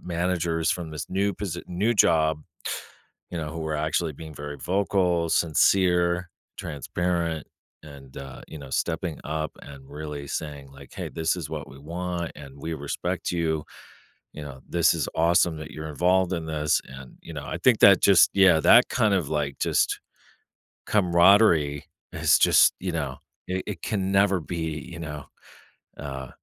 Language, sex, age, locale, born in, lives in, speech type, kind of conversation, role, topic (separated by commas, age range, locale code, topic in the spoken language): English, male, 45-49, en-US, United States, United States, conversation, podcast, guest, What helps you find motivation and direction at the start of a creative project?